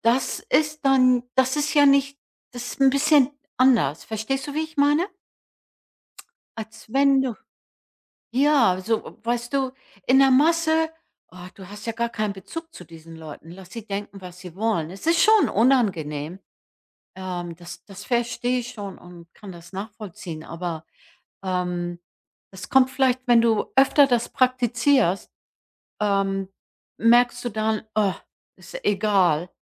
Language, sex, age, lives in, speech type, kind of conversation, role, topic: German, female, 65-69, United States, conversation, advice, advisor, Wie kann ich meinen Kleidungsstil zeigen, ohne Angst vor Bewertungen zu haben?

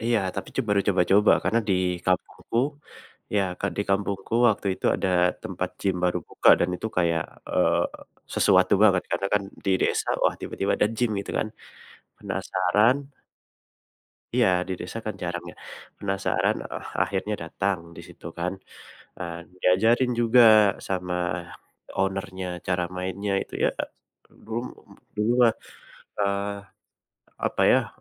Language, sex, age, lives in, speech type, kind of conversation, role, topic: Indonesian, male, 25-29, Indonesia, conversation, unstructured, none, Apa yang kamu rasakan saat berhasil mencapai target olahraga?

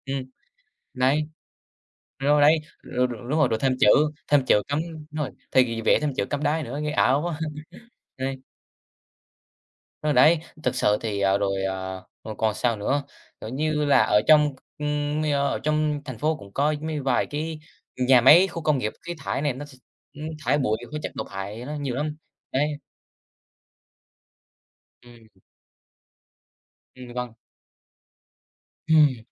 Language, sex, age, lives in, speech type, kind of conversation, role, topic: Vietnamese, male, 18-19, Vietnam, conversation, unstructured, none, Bạn có lo ngại về tình trạng ô nhiễm không khí ở thành phố không?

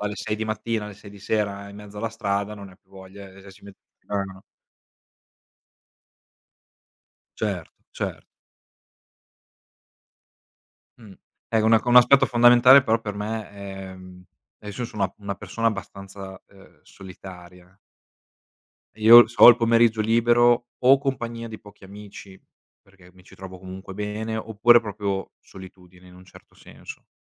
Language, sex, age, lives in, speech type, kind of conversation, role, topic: Italian, male, 25-29, Italy, conversation, unstructured, none, Qual è il tuo modo ideale di trascorrere un pomeriggio libero?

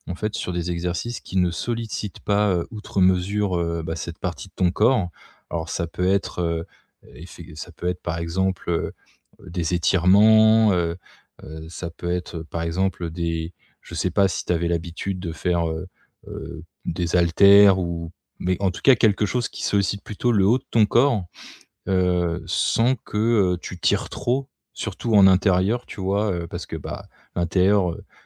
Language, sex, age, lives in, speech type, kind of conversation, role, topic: French, male, 35-39, France, conversation, advice, advisor, Pourquoi est-ce que je ne progresse plus malgré un entraînement régulier ?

